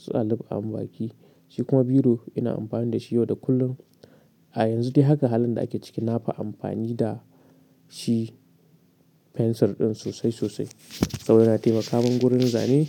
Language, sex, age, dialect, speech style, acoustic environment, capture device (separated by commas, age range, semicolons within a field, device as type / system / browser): Hausa, male, 18-24, Nigeria - Katsina, relaxed; excited; happy, silence, mobile / Linux / Chrome